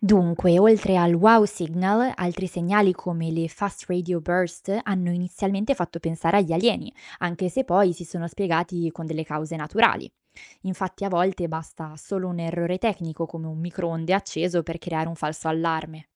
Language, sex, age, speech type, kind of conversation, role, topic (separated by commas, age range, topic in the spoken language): Italian, female, 25-29, read, expert-assistant, expert, Quali sono i modi più curiosi per scoprire se c’è vita fuori dalla Terra?